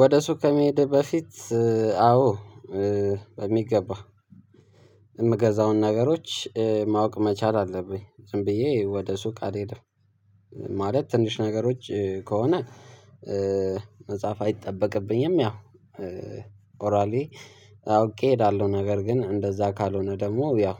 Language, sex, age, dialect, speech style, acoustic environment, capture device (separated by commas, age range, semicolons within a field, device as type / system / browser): Amharic, male, 18-24, Ethiopia - Addis Ababa, excited, nature, mobile / Linux / Chrome